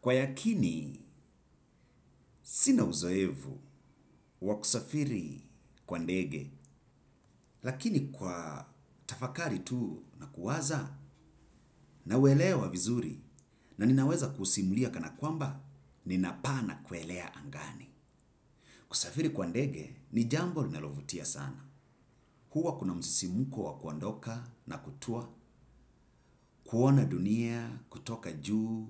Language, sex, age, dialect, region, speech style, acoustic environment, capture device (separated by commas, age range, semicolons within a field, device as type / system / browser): Swahili, male, 35-44, Kenya - Mombasa, Kenya, happy, silence, desktop / Windows / Chrome